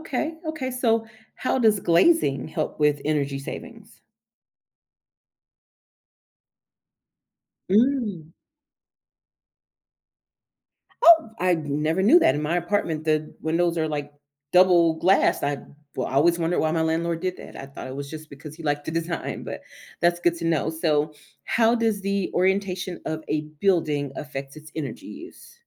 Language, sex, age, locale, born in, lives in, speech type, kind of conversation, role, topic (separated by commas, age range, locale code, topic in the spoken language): English, female, 35-39, en-US, United States, United States, read, expert-assistant, asker, How does the design of a building affect its energy use?